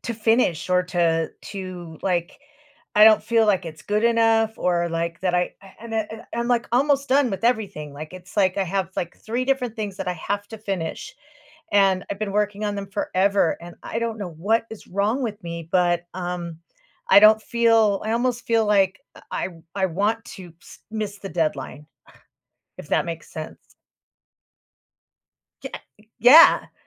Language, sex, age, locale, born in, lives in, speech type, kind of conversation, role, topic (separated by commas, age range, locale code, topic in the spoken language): English, female, 55-59, en-US, United States, United States, conversation, advice, user, How can I build my self-confidence when I frequently feel insecure?